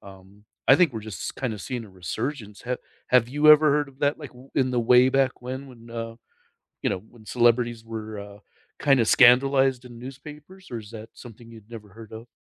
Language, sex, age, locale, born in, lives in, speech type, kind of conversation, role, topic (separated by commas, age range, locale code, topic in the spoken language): English, male, 55-59, en-US, United States, United States, conversation, unstructured, none, What is your opinion on trolling celebrities on social media?